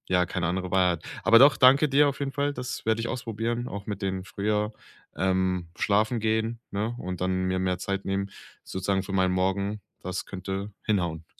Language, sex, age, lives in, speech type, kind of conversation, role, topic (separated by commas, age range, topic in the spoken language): German, male, 25-29, Germany, conversation, advice, user, Wie kann ich mir eine entspannte Morgenroutine für einen ruhigen Start in den Tag gestalten?